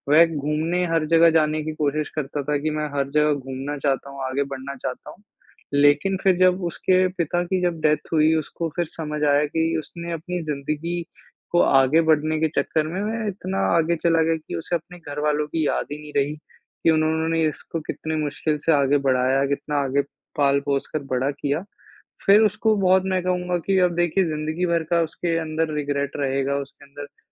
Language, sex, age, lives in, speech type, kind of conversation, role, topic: Hindi, male, 20-24, India, conversation, unstructured, none, यात्रा करते समय आपको सबसे मज़ेदार पल कौन सा लगता है?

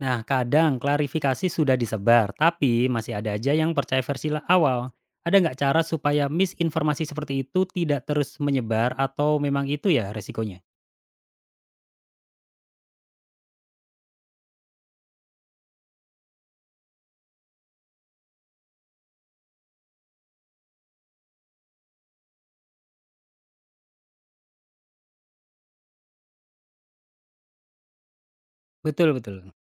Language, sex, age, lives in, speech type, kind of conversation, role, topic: Indonesian, male, 35-39, Indonesia, read, expert-assistant, asker, Bagaimana menentukan prioritas antara kecepatan dan ketepatan saat meliput berita penting?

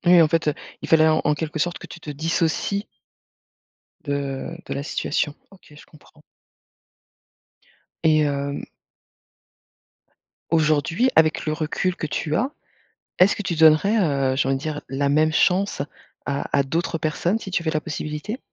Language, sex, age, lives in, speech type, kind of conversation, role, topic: French, female, 45-49, France, conversation, podcast, host, Peux-tu raconter la première fois où tu as présenté ton travail en public ?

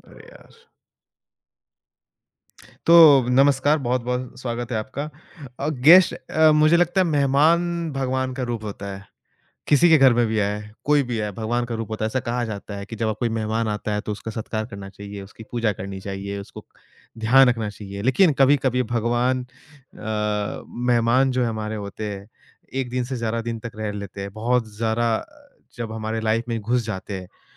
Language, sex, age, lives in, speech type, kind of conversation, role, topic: Hindi, male, 25-29, India, conversation, podcast, host, Last-minute guests aaye to aapka approach kya hota hai?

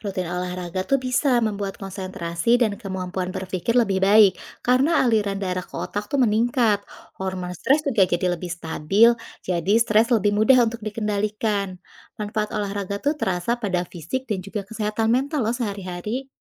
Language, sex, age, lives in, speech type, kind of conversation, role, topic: Indonesian, female, 35-39, Indonesia, read, expert-assistant, expert, Bagaimana tubuh kita mulai berubah ketika seseorang mulai rajin berolahraga?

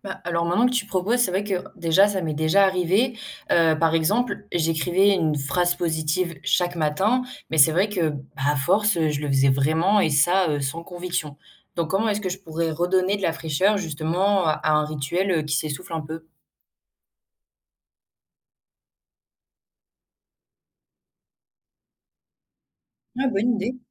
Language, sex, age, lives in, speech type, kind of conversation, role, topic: French, female, 18-19, France, read, expert-assistant, asker, Comment utiliser ce qu’on sait sur les habitudes pour planifier un objectif et vraiment s’y tenir ?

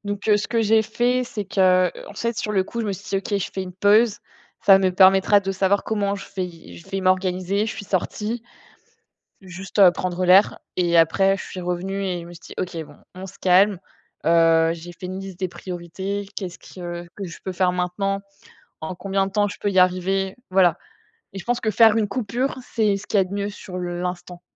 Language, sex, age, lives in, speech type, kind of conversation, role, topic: French, female, 25-29, France, conversation, unstructured, none, Comment gères-tu le stress lorsqu’il survient ?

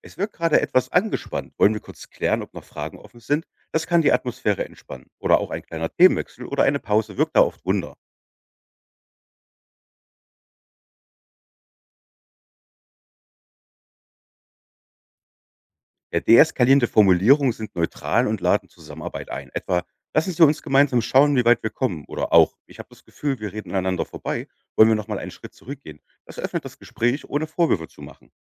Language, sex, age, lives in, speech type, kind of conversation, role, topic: German, male, 35-39, Germany, read, expert-assistant, expert, Warum wechseln manche Menschen zwischen verschiedenen Sprachformen, je nachdem, mit wem sie sprechen?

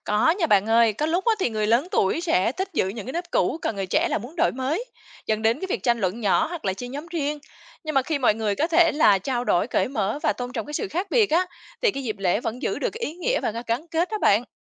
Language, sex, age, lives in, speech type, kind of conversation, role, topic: Vietnamese, female, 35-39, Vietnam, read, expert-assistant, expert, Nếu bạn muốn tìm hiểu vì sao người Việt thích tụ họp dịp lễ, bạn sẽ bắt đầu lên kế hoạch từ đâu?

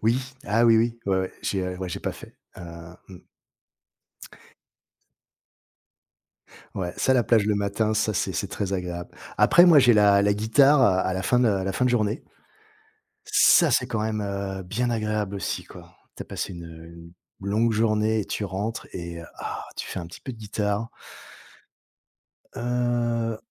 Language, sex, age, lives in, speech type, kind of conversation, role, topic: French, male, 45-49, France, conversation, unstructured, none, Quels petits plaisirs simples apprécies-tu le plus ?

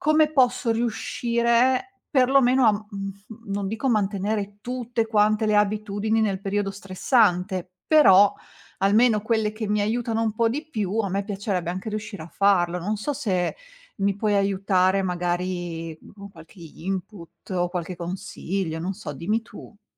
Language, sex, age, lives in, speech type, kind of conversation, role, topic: Italian, female, 40-44, Italy, conversation, advice, user, Perché durante i periodi di stress abbandoni le tue buone abitudini?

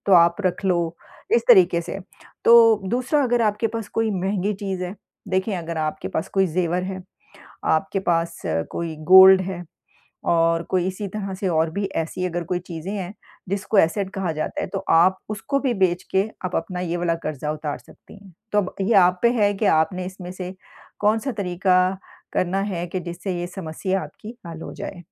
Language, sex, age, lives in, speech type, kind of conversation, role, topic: Hindi, female, 50-54, United States, conversation, advice, advisor, मैं अपने कर्ज को जल्दी और सुरक्षित तरीके से कैसे कम कर सकता/सकती हूँ?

